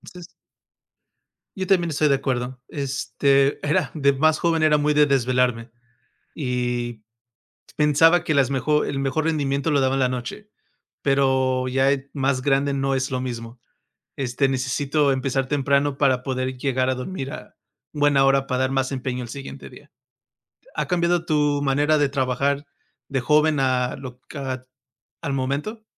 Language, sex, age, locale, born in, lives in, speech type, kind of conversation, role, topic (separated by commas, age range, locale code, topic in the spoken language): Spanish, male, 35-39, es-MX, United States, United States, conversation, unstructured, none, ¿Cómo organizas tu día para ser más productivo?